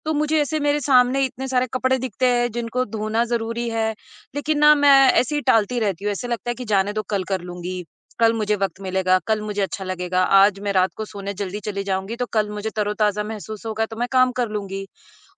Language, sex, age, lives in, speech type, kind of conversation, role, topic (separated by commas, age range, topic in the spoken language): Hindi, female, 35-39, United States, conversation, advice, user, आप काम बार-बार टालते हैं और अंत में तनाव महसूस करते हैं—इससे कैसे निपटें?